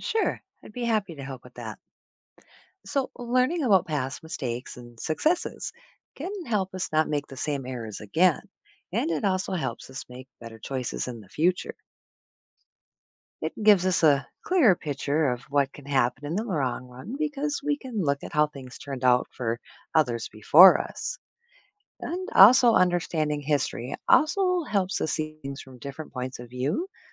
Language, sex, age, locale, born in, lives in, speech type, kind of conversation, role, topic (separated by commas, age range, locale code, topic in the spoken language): English, female, 45-49, en-US, United States, United States, read, expert-assistant, expert, How does learning history improve decision-making today?